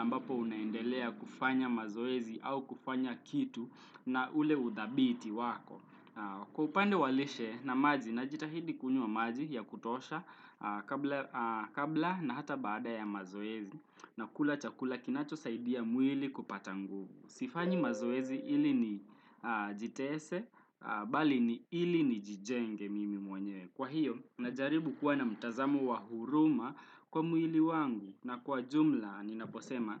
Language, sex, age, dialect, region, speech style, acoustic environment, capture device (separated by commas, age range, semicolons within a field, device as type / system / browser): Swahili, male, 18-24, Kenya - Nairobi Sheng-influenced, Kenya, happy; relaxed, traffic, desktop / Windows / Chrome